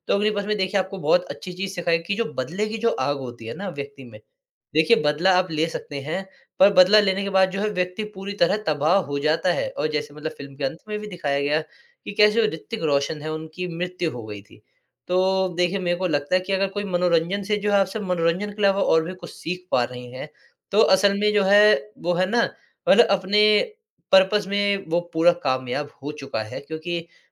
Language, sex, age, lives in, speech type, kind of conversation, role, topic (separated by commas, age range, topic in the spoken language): Hindi, male, 20-24, India, conversation, podcast, guest, आप कहानी के समापन को सार्थक कैसे बनाते हैं?